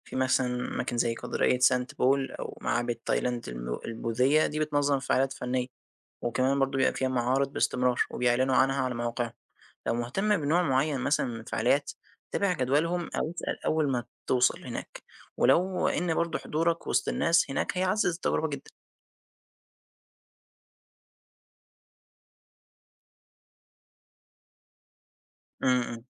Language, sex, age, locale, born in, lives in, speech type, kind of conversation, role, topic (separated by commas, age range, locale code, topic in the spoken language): Arabic, male, 20-24, ar-EG, Egypt, Egypt, read, expert-assistant, expert, لو عايز أزور أماكن دينية مشهورة من أديان مختلفة، إزاي أخطط الرحلة دي وأستفيد منها؟